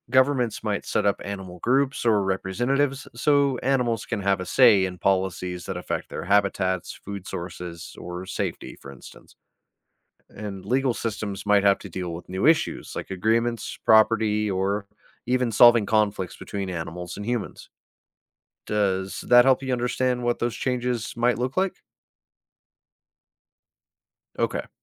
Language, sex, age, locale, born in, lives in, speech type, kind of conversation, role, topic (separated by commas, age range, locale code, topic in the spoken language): English, male, 30-34, en-US, United States, United States, read, expert-assistant, expert, How would talking animals change society?